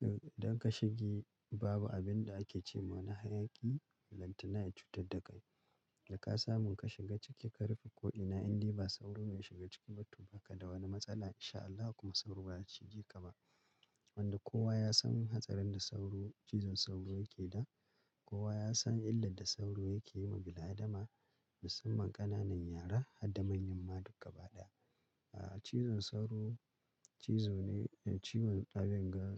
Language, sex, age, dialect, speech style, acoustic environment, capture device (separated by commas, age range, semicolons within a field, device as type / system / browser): Hausa, male, 35-44, Nigeria - Kano, relaxed, silence, mobile / Linux / Chrome